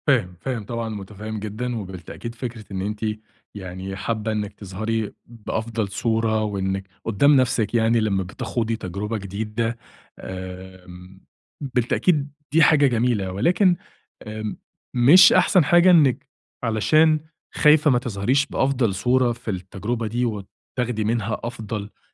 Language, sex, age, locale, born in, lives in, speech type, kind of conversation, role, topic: Arabic, male, 30-34, ar-EG, Egypt, Egypt, conversation, advice, advisor, ازاي أجرب اهتمامات جديدة من غير ما أخاف أفشل؟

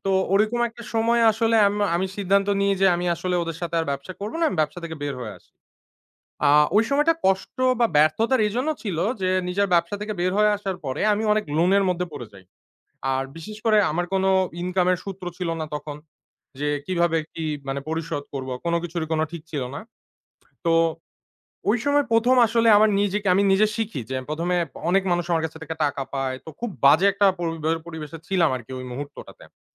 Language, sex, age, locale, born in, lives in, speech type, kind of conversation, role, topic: Bengali, male, 25-29, bn-BD, Bangladesh, Bangladesh, conversation, podcast, guest, আপনি কি কোনো বড় ব্যর্থতা থেকে ঘুরে দাঁড়ানোর নিজের অভিজ্ঞতার গল্প শেয়ার করতে পারবেন?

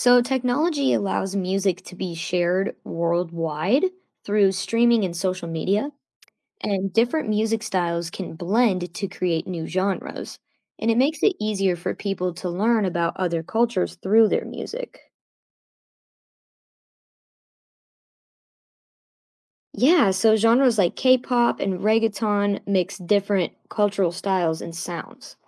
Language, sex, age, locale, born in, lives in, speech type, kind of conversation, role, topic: English, female, 25-29, en-US, United States, United States, read, expert-assistant, expert, What role does music play in different cultures?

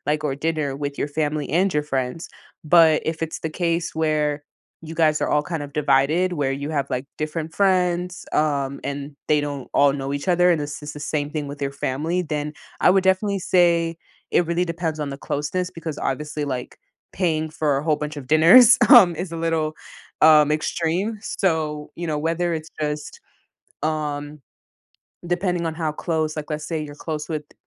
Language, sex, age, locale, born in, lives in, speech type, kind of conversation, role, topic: English, female, 20-24, en-US, United States, United States, conversation, advice, advisor, Who should I tell my good news to?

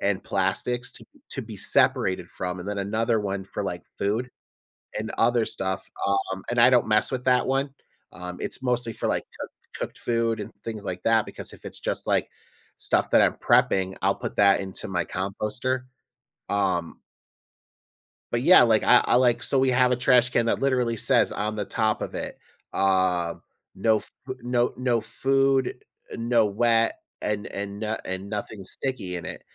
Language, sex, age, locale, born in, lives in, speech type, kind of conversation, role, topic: English, male, 35-39, en-US, United States, United States, conversation, podcast, guest, How can everyday choices help protect the environment?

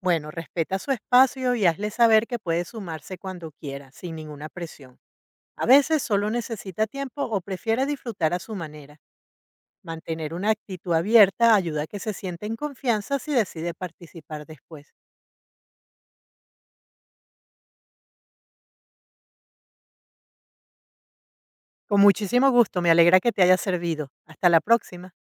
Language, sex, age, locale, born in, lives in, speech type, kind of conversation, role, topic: Spanish, female, 55-59, es-VE, Venezuela, United States, read, expert-assistant, expert, ¿Cuál es la mejor manera de coordinar a un grupo de amigos para una excursión de senderismo y que todos estén alineados con el plan?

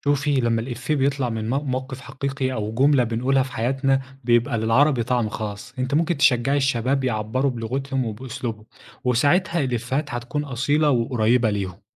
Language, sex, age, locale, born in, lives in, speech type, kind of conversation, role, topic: Arabic, male, 25-29, ar-EG, Egypt, Egypt, read, expert-assistant, expert, إيه الطرق اللي ممكن تخلي اللغة بتاعتنا تعيش مع الأجيال الجديدة؟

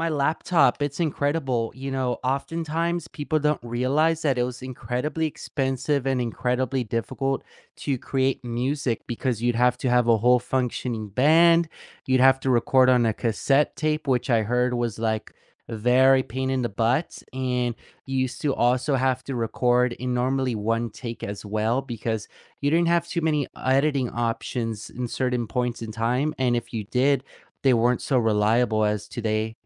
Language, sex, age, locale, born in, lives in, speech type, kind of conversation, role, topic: English, male, 20-24, en-US, United States, United States, conversation, unstructured, none, What tech invention has made your life easier?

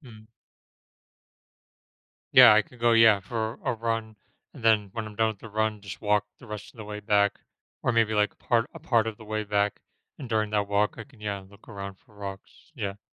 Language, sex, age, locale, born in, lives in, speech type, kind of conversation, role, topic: English, male, 35-39, en-US, United States, United States, conversation, podcast, guest, What new activity do you wish you could explore and why does it appeal to you?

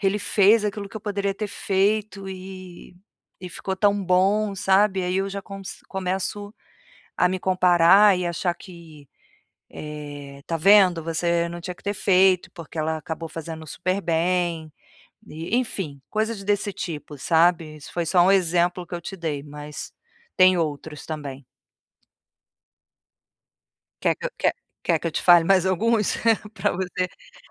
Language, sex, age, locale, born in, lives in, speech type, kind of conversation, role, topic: Portuguese, female, 45-49, pt-BR, Brazil, Portugal, conversation, advice, user, Como posso parar de me comparar o tempo todo com as conquistas dos outros?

